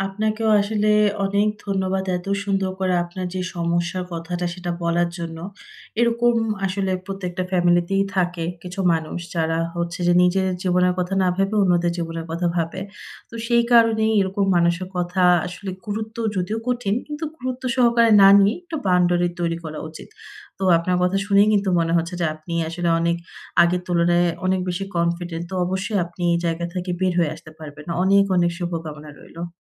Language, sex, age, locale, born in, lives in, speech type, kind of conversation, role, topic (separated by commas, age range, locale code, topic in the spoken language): Bengali, female, 25-29, bn-BD, Bangladesh, Finland, conversation, advice, advisor, বিস্তৃত পরিবারের মধ্যে কীভাবে ব্যক্তিগত সীমা স্থাপন করব?